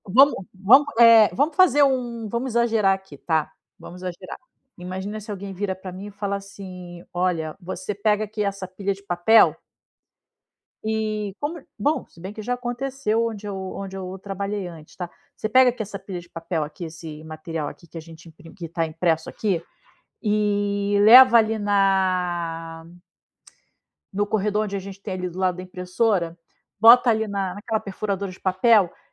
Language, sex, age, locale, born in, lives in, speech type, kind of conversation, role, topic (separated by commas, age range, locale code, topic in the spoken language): Portuguese, female, 40-44, pt-BR, Brazil, Spain, conversation, advice, user, Como posso reconstruir a confiança em mim mesmo depois de um fracasso?